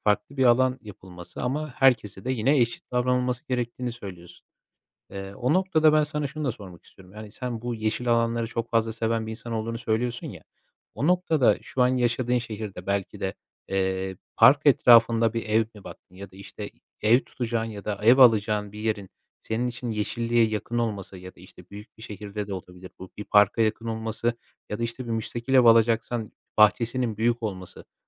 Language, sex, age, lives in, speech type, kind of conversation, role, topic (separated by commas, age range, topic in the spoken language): Turkish, male, 25-29, Poland, conversation, podcast, host, Şehirdeki yeşil alanlar hayatımıza nasıl katkı sağlar?